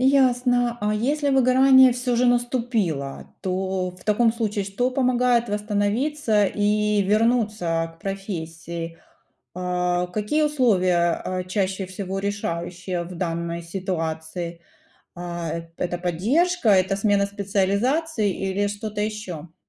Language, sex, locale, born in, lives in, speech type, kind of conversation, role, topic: Russian, female, ru-RU, Ukraine, United States, read, expert-assistant, asker, Какие плюсы и минусы есть у работы социального работника для самого человека и для общества?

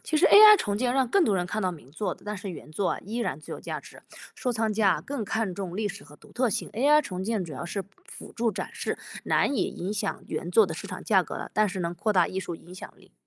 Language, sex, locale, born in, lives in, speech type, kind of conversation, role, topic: Chinese, female, zh-CN, China, United States, read, expert-assistant, expert, 如果历史上的著名艺术品能够与今天的科技结合，会出现哪些新可能？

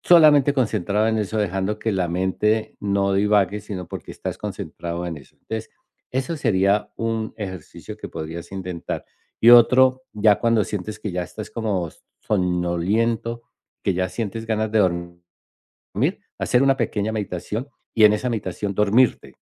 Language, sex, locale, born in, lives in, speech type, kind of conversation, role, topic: Spanish, male, es-CO, Colombia, United States, conversation, advice, advisor, ¿Cómo puedo reducir el uso de pantallas antes de dormir para no tardar tanto en quedarme dormido?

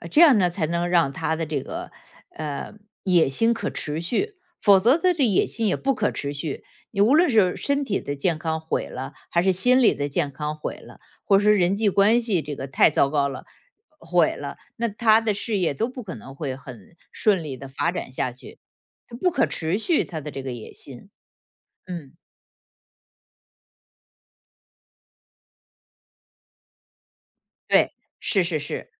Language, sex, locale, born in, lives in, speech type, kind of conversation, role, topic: Chinese, female, zh-CN, China, United States, conversation, podcast, guest, 你如何在追求野心的同时，保持生活的平衡？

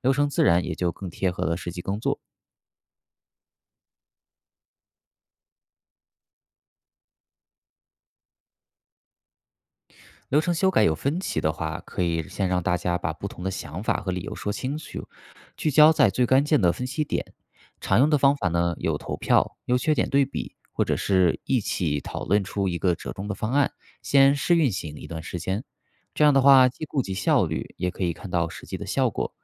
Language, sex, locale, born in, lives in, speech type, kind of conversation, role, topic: Chinese, male, zh-CN, China, United States, read, expert-assistant, expert, 小团队如何高效建立并优化工作流程？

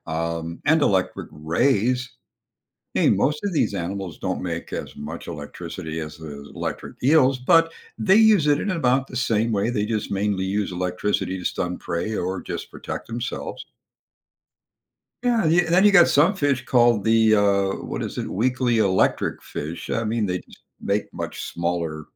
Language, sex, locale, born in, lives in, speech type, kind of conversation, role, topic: English, male, en-US, United States, United States, read, expert-assistant, expert, How do electric eels generate electricity in their bodies?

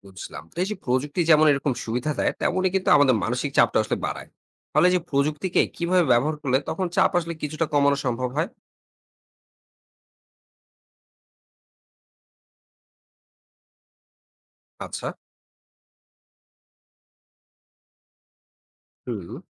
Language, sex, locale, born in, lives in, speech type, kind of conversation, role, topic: Bengali, male, bn-BD, Bangladesh, Bangladesh, read, expert-assistant, asker, কেন আধুনিক জীবনের চাপ আমাদের মানসিক স্বাস্থ্যের উপর এত গভীর প্রভাব ফেলে?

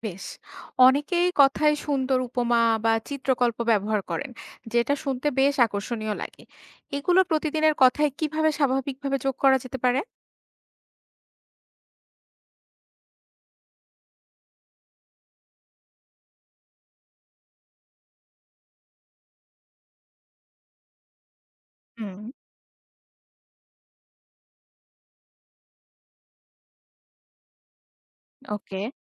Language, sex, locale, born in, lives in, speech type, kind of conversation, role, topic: Bengali, female, bn-BD, Bangladesh, Bangladesh, read, expert-assistant, asker, কীভাবে সুন্দরভাবে ও আত্মবিশ্বাসের সঙ্গে বাংলা বলতে ও গল্প বলতে শিখতে পারি?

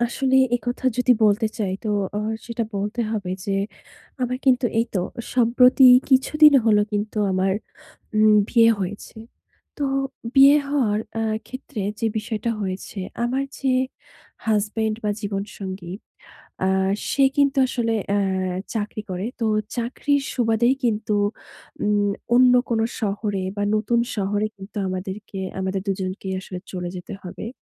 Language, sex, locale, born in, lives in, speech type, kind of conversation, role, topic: Bengali, female, bn-BD, Bangladesh, Bangladesh, conversation, advice, user, অন্য শহরে স্থায়ীভাবে যাওয়ার পর পরিবারের প্রত্যাশা ও যোগাযোগ কীভাবে সামঞ্জস্য করব?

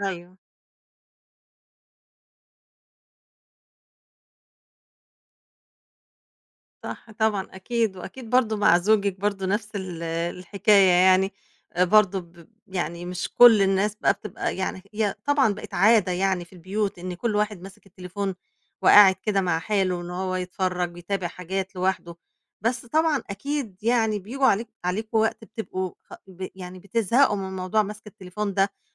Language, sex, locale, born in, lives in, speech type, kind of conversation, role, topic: Arabic, female, ar-EG, Egypt, Egypt, conversation, podcast, host, ازاي بتحط حدود لاستخدام الموبايل وسط العيلة؟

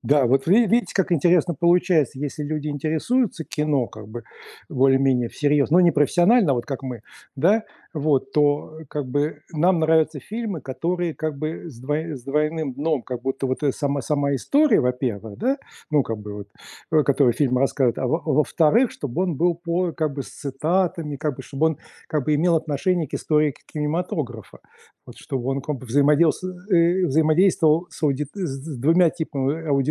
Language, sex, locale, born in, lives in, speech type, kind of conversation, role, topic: Russian, male, ru-RU, Russia, United States, conversation, unstructured, none, Какой фильм вам нравится больше всего и почему?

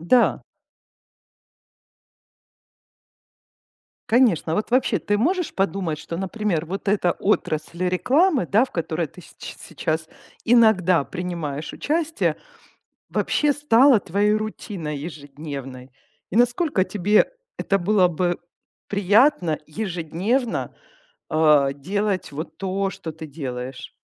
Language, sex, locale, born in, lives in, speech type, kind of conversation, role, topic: Russian, female, ru-RU, Ukraine, Italy, conversation, advice, advisor, Как перестать бояться упустить важные возможности в жизни?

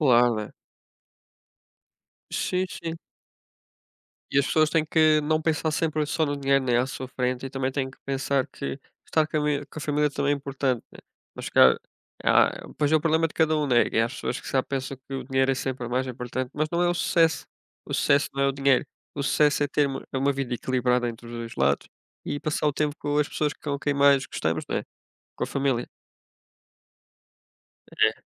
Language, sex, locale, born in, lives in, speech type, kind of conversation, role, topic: Portuguese, male, pt-PT, Portugal, Portugal, conversation, unstructured, none, Como você define o sucesso na sua vida?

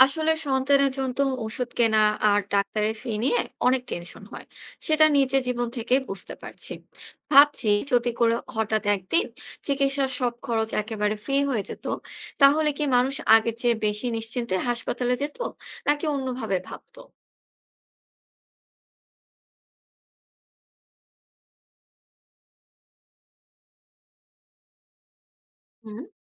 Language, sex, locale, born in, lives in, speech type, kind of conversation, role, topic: Bengali, female, bn-BD, Bangladesh, Bangladesh, read, expert-assistant, asker, বিনা খরচে চিকিৎসা পেলে স্বাস্থ্য ও শিক্ষাখাতে কী পরিবর্তন আসতে পারে?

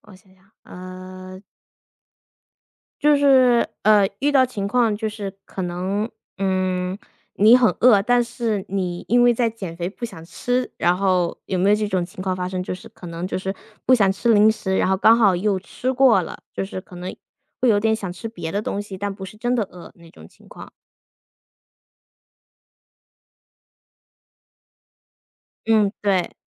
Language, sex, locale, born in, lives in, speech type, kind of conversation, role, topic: Chinese, female, zh-CN, United States, United States, conversation, podcast, host, 你平时怎么分辨自己是真的饿了，还是只是无聊想吃东西？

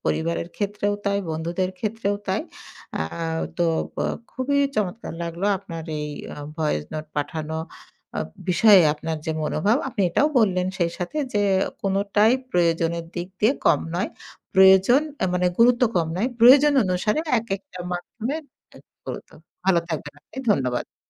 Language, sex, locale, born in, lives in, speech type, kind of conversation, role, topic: Bengali, female, bn-BD, Bangladesh, Bangladesh, conversation, podcast, host, আপনি কোন পরিস্থিতিতে ভয়েস নোট পাঠানোকে ঠিক মনে করেন?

